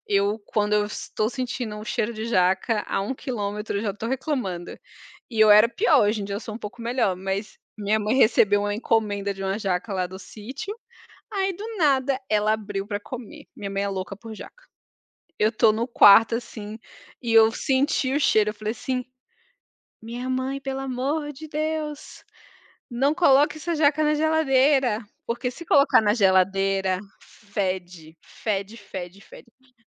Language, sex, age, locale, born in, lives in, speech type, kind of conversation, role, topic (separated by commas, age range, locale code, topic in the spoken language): Portuguese, female, 30-34, pt-BR, Brazil, France, conversation, unstructured, none, Qual é a comida de antigamente que você não consegue nem lembrar sem sentir nojo?